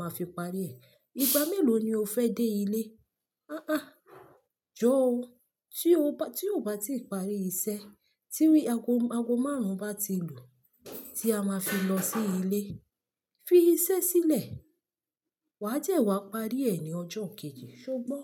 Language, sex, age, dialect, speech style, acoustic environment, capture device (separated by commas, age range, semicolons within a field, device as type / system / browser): Yoruba, female, 25-34, Nigeria - Lagos Yoruba, happy, silence, mobile / Linux / Chrome